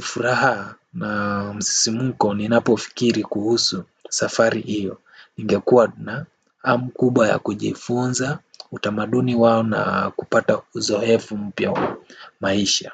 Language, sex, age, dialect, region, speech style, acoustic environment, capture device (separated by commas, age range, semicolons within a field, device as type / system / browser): Swahili, male, 18-24, Kenya - Nairobi Sheng-influenced, Kenya, relaxed, silence, desktop / Windows / Firefox